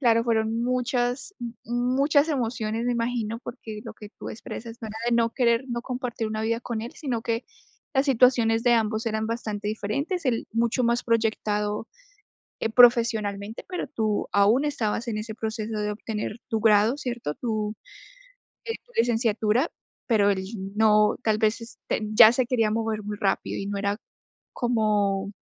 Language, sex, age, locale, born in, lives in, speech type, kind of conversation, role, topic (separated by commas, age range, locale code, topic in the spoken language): Spanish, female, 25-29, es-CO, Colombia, United States, conversation, podcast, host, ¿Cómo decides entre lo que tú quieres y lo que tu familia espera de ti?